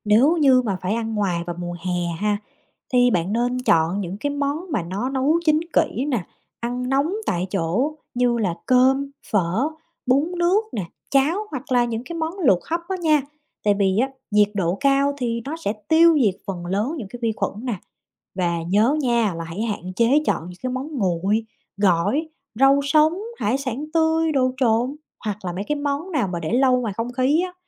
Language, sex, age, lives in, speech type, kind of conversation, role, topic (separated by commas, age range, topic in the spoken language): Vietnamese, female, 30-34, United States, read, expert-assistant, expert, Làm thế nào để bảo quản và sử dụng thực phẩm an toàn trong mùa hè ở Việt Nam?